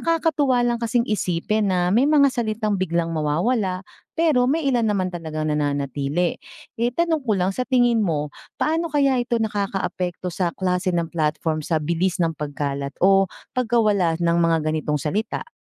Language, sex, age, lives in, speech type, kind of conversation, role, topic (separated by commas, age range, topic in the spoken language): Filipino, female, 40-44, Philippines, read, expert-assistant, asker, Paano nagbabago ang wika sa social media?